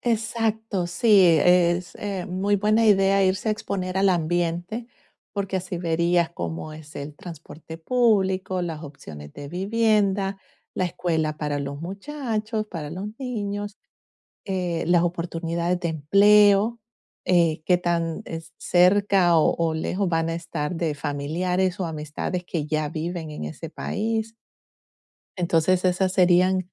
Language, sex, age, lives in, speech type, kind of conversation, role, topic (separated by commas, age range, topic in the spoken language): Spanish, female, 45-49, United States, conversation, advice, advisor, ¿Es ahora un buen momento para hacer un cambio importante en mi vida?